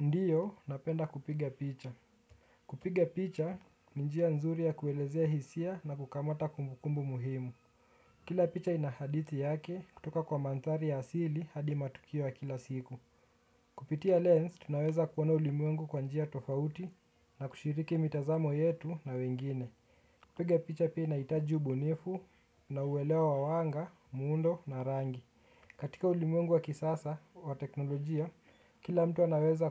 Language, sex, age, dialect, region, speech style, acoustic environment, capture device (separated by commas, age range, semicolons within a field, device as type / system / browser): Swahili, male, 18-24, Kenya - Nairobi Sheng-influenced, Kenya, relaxed, nature, desktop / Windows / Chrome